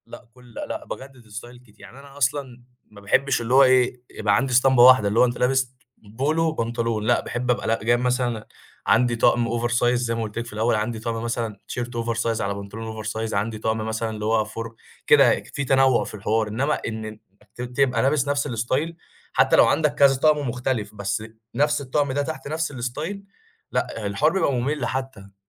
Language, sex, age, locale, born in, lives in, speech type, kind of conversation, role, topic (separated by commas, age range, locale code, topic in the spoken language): Arabic, male, 20-24, ar-EG, Egypt, Egypt, conversation, podcast, guest, إزاي بتوازن بين الراحة والشياكة في لبسك؟